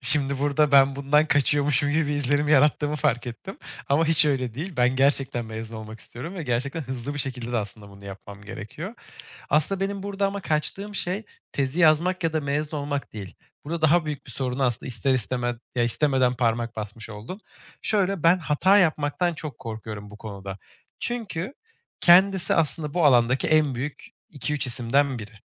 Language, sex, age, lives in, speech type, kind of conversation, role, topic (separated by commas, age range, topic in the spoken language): Turkish, male, 25-29, Germany, conversation, advice, user, Mentorumdan aldığım geri bildirimleri iş yerinde nasıl daha iyi anlayıp gerçekten uygulayabilirim?